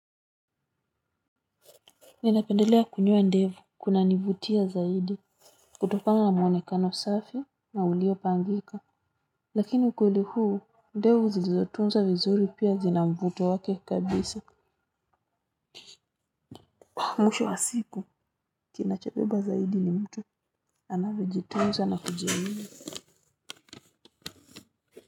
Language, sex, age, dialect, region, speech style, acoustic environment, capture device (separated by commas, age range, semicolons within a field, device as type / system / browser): Swahili, female, 35-44, Kenya - Nairobi Sheng-influenced, Kenya, relaxed, nature, mobile / Linux / Chrome